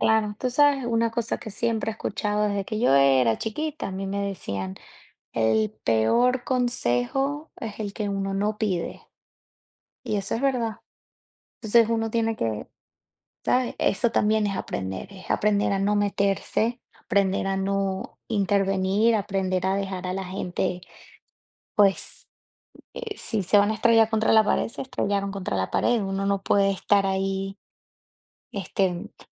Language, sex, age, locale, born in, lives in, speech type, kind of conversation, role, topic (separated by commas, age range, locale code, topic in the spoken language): Spanish, female, 30-34, es-VE, Venezuela, United States, conversation, unstructured, none, ¿Recuerdas a algún maestro que te haya inspirado mucho?